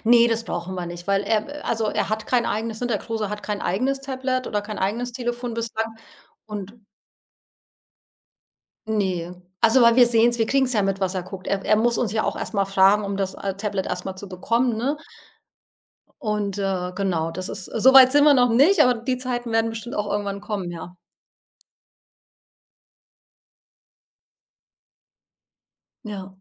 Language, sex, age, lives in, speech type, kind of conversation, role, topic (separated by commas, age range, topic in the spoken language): German, female, 40-44, Portugal, conversation, podcast, guest, Wie regelt ihr bei euch zu Hause die Handy- und Bildschirmzeiten?